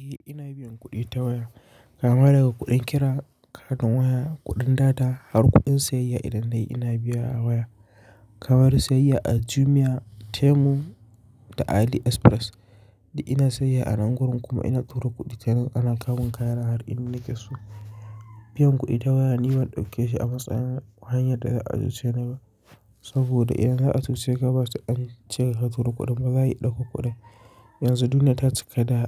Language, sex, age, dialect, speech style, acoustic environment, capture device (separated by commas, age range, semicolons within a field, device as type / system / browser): Hausa, male, 25-34, Nigeria - Kano, focused, silence, mobile / Linux / Chrome